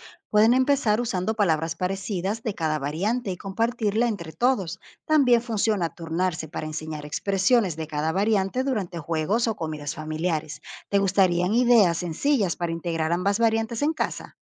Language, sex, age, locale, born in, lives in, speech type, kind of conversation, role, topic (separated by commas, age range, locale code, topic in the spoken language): Spanish, female, 30-34, es-DO, Dominican Republic, Portugal, read, expert-assistant, expert, ¿Qué métodos existen para que las familias transmitan su lengua materna a las nuevas generaciones?